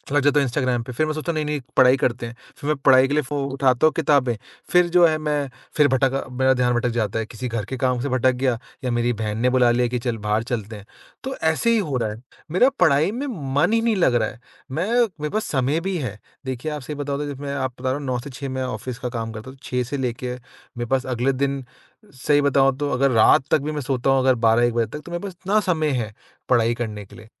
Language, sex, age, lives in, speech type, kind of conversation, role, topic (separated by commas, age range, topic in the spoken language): Hindi, male, 25-29, India, conversation, advice, user, मेरा ध्यान दिनभर बार-बार भटकता है, मैं साधारण कामों पर ध्यान कैसे बनाए रखूँ?